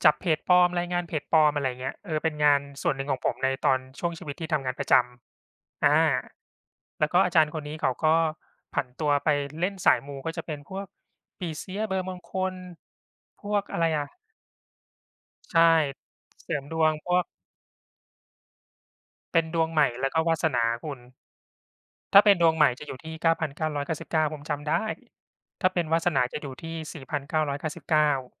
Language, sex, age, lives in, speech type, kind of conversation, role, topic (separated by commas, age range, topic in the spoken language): Thai, male, 40-44, Thailand, conversation, unstructured, none, สื่อสังคมออนไลน์ส่งผลอย่างไรต่อการแพร่กระจายของข่าวปลอม?